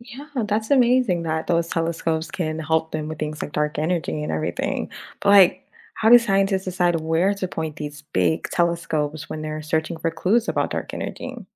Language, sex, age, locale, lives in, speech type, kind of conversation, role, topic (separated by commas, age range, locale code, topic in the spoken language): English, female, 25-29, en-US, United States, read, expert-assistant, asker, How do telescopes help scientists study distant objects in space?